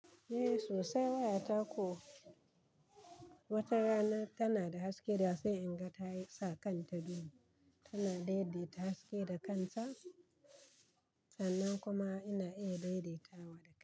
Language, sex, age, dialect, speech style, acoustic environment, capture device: Hausa, female, 35-44, Nigeria - Kano, happy, people talking, mobile / Linux / Chrome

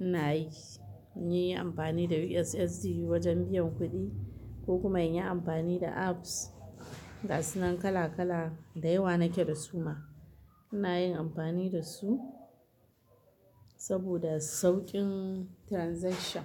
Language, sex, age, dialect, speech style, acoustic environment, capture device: Hausa, female, 35-44, Nigeria - Kano, happy, nature, mobile / Linux / Chrome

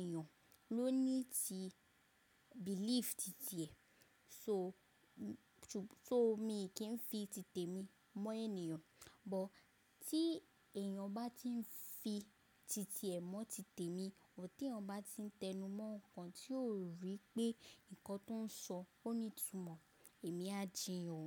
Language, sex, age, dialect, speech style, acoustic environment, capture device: Yoruba, female, 25-34, Nigeria - Lagos Yoruba, focused; relaxed, silence, mobile / macOS / Safari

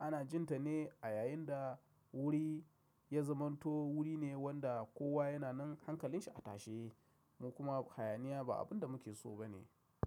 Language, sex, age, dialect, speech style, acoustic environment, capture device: Hausa, male, 35-44, Nigeria - Kano, excited, silence, mobile / macOS / Safari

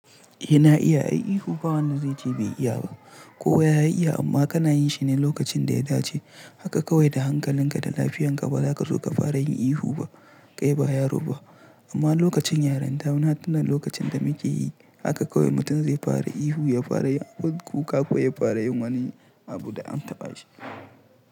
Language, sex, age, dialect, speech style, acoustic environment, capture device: Hausa, male, 18-24, Nigeria - Pidgin-influenced, nervous; stressed, silence; nature, mobile / Linux / Chrome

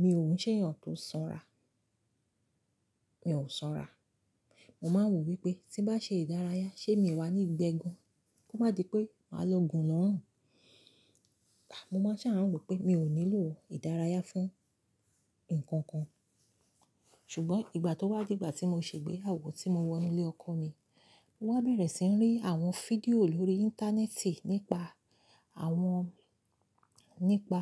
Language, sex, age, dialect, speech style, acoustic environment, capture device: Yoruba, female, 35-44, Nigeria - Lagos Yoruba, excited, silence, mobile / Linux / Chrome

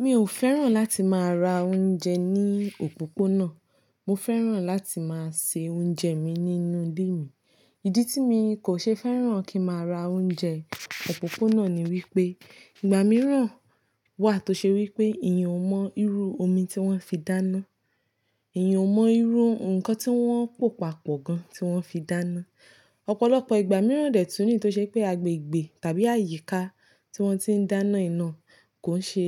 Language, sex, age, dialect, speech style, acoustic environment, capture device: Yoruba, female, 25-34, Nigeria - Nigerian Standard, relaxed, nature, mobile / Linux / Chrome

